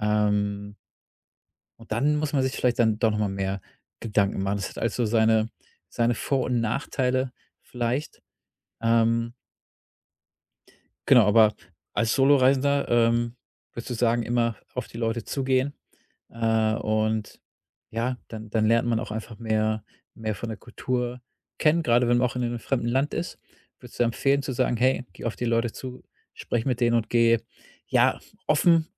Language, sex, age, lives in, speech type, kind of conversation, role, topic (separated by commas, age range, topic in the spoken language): German, male, 35-39, Germany, conversation, podcast, host, Wurdest du schon einmal von Fremden eingeladen, und wie war das?